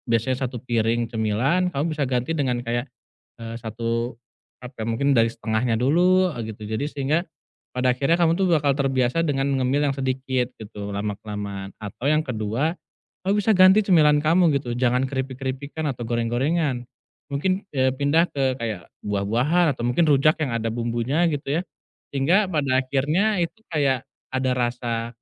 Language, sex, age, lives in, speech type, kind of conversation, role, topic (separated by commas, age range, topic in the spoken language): Indonesian, male, 30-34, Indonesia, conversation, advice, advisor, Bagaimana cara mengendalikan keinginan ngemil saat emosi muncul?